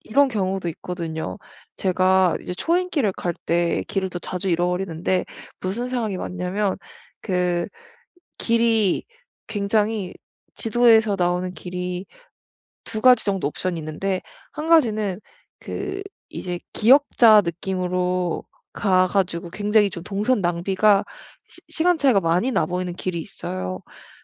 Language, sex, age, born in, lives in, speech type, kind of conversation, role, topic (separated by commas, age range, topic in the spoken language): Korean, female, 25-29, South Korea, Germany, conversation, advice, user, 낯선 장소에 있을 때 불안을 줄이고 안전함을 느끼려면 어떻게 하면 좋을까요?